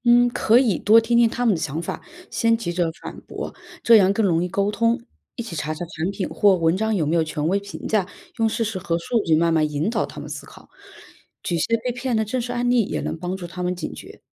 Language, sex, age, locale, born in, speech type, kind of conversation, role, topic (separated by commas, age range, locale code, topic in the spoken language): Chinese, female, 25-29, zh-CN, China, read, expert-assistant, expert, 社交媒体上出现的健康信息，比如某些药物或疗法，如何判断其来源和准确性？